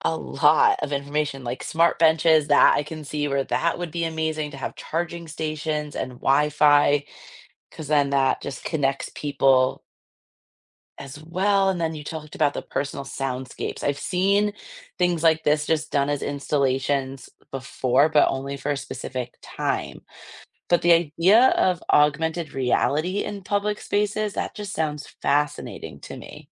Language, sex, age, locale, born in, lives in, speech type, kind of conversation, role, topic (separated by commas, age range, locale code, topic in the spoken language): English, female, 30-34, en-US, United States, United States, read, expert-assistant, asker, How does the design of public spaces impact community well-being?